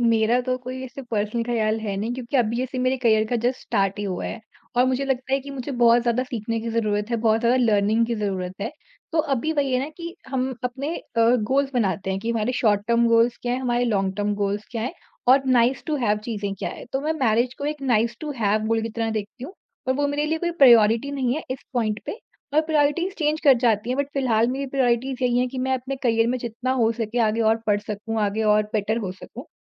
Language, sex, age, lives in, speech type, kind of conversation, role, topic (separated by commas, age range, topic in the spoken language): Hindi, female, 40-44, India, conversation, podcast, guest, Tum parents ki expectations aur apni khushi ke beech balance kaise banate ho?